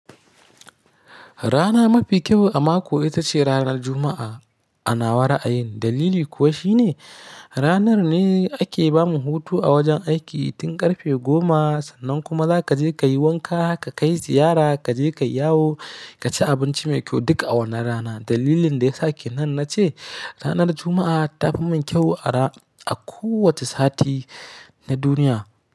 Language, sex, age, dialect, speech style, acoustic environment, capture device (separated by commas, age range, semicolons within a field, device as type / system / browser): Hausa, male, 25-34, Nigeria - Kano, happy, silence; nature, mobile / Linux / Chrome